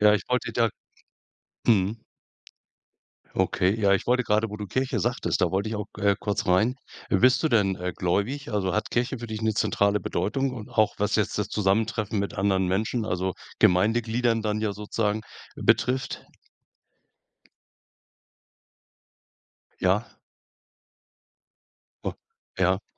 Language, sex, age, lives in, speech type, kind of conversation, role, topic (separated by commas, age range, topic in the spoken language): German, male, 65-69, Germany, conversation, podcast, host, Was bedeutet Gemeinschaft für dich wirklich?